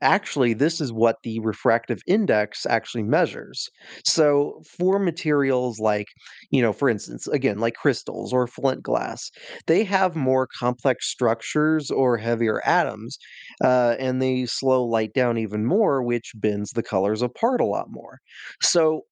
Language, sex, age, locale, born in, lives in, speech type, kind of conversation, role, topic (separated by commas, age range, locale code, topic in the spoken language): English, male, 20-24, en-US, United States, United States, read, expert-assistant, expert, How does a prism separate white light into different colors?